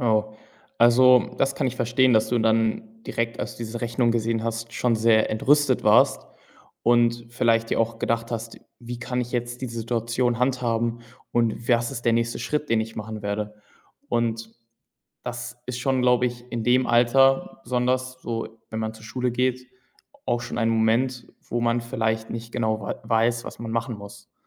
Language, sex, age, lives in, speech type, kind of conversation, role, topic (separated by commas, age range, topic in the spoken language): German, male, 18-19, Germany, conversation, podcast, host, Kannst du von einer Niederlage erzählen, aus der du viel gelernt hast?